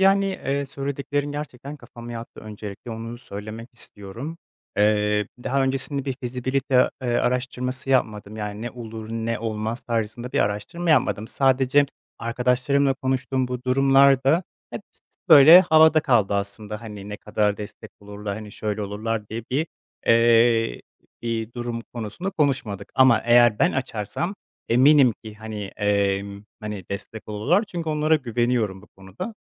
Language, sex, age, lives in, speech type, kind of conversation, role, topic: Turkish, male, 25-29, Poland, conversation, advice, user, Kariyer değişikliği yapmaktan neden korkuyorsunuz?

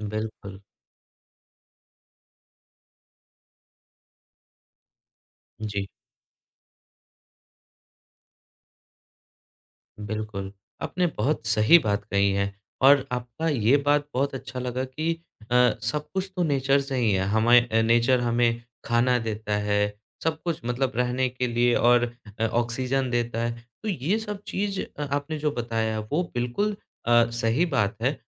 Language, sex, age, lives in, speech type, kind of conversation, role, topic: Hindi, male, 25-29, India, conversation, podcast, host, Nature walk ke dauran phone ka use aap kaise manage karte ho?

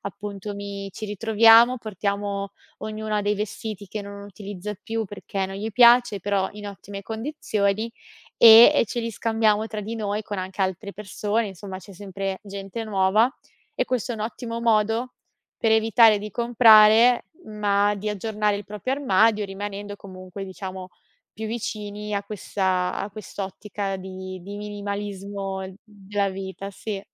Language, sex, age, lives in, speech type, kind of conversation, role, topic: Italian, female, 25-29, Italy, conversation, podcast, guest, Che legame vedi tra minimalismo e rispetto per l'ambiente?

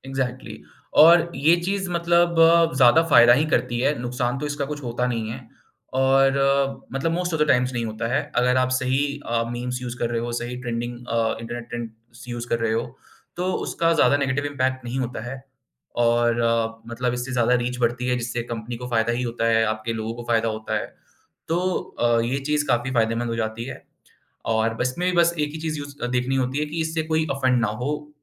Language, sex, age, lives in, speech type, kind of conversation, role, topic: Hindi, male, 25-29, India, conversation, podcast, guest, Memes aur internet trends tumhare kaam ko kaise prabhavit karte hain?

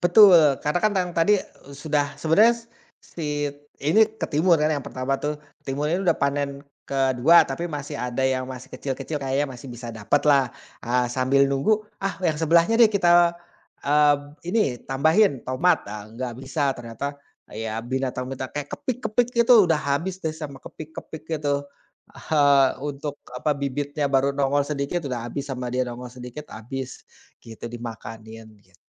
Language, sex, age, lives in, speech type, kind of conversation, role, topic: Indonesian, male, 30-34, Indonesia, conversation, podcast, guest, Bagaimana pengalamanmu merawat tanaman di rumah?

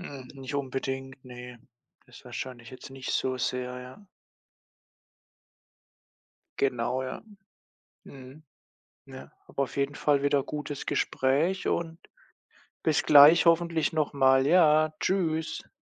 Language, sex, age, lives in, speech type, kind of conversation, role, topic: German, male, 25-29, Germany, conversation, unstructured, none, Wie beeinflusst Sport dein Selbstbewusstsein?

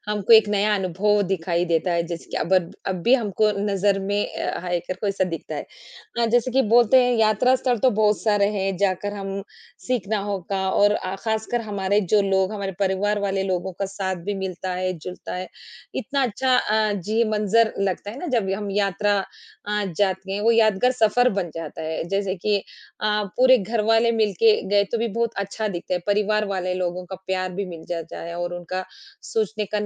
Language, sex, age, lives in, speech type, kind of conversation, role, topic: Hindi, female, 40-44, India, conversation, unstructured, none, आपकी सबसे यादगार यात्रा कौन-सी रही है?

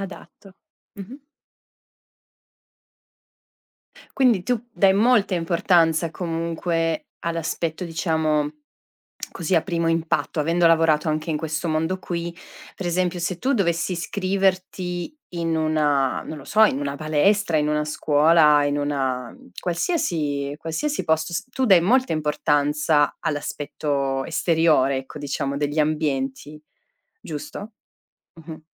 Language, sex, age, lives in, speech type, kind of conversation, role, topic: Italian, female, 35-39, Italy, conversation, podcast, host, Come usi la luce naturale negli spazi di casa tua?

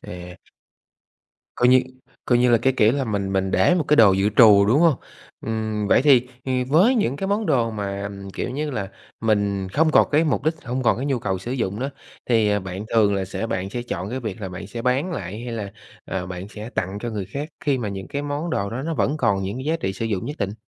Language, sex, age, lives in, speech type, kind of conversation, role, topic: Vietnamese, male, 30-34, Vietnam, conversation, podcast, host, Bạn làm gì để tránh đồ đạc cứ tích tụ mãi?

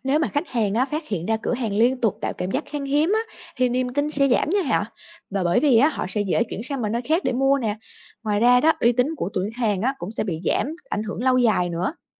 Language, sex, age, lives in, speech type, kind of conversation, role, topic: Vietnamese, female, 25-29, Vietnam, read, expert-assistant, expert, Khi có tin đồn về khan hiếm hàng hóa, giá tăng ngay lập tức – liệu điều này phản ánh thực tế hay chỉ là hiệu ứng tâm lý?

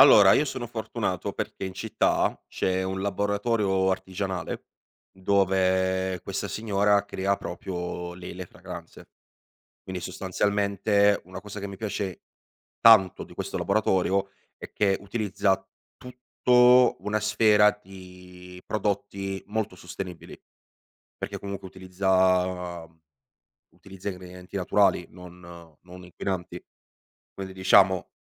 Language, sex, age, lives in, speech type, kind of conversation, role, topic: Italian, male, 25-29, Italy, conversation, podcast, guest, Qual è un accessorio che ti rappresenta senza bisogno di parole?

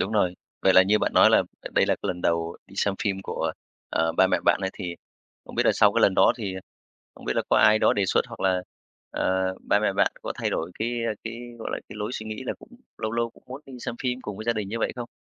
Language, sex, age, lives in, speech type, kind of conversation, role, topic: Vietnamese, male, 35-39, Vietnam, conversation, podcast, host, Bạn có kỷ niệm nào về những lần xem phim cùng gia đình mà bạn nhớ mãi không?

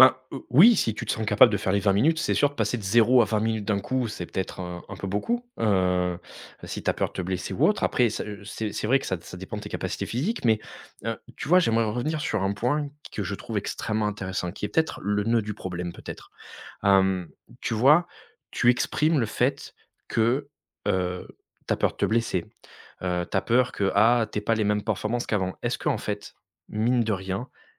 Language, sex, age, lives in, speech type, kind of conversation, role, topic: French, male, 35-39, France, conversation, advice, advisor, Comment reprendre le sport après une longue pause quand on ne sait pas par où commencer ?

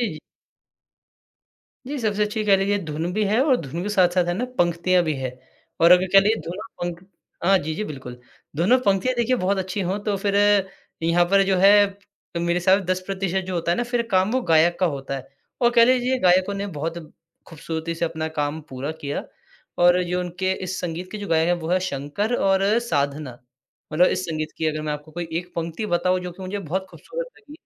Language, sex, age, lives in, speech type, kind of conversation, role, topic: Hindi, male, 20-24, India, conversation, podcast, guest, ऐसा कौन-सा गाना है जो आपको हर बार भावुक कर देता है और क्यों?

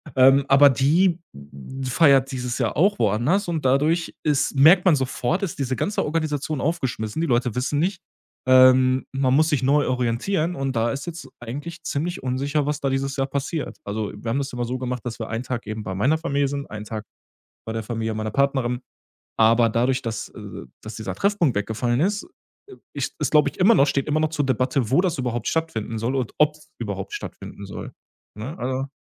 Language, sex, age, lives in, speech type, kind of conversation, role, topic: German, male, 30-34, Germany, conversation, podcast, guest, Wie feiert ihr bei euch besondere Anlässe wie Hochzeiten oder Geburtstage?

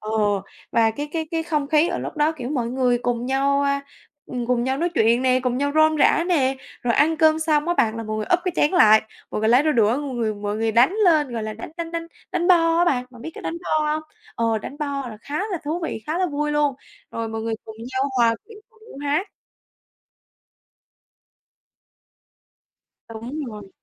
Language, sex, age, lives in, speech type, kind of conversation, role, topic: Vietnamese, female, 20-24, Vietnam, conversation, podcast, guest, Bạn có thể kể về một trải nghiệm địa phương đáng nhớ của bạn không?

